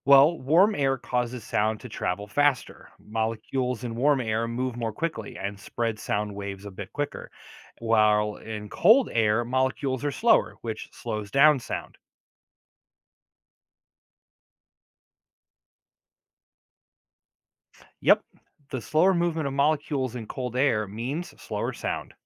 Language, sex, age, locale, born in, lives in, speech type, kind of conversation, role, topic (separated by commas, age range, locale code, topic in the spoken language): English, male, 40-44, en-US, United States, United States, read, expert-assistant, expert, How do sound waves travel through different mediums?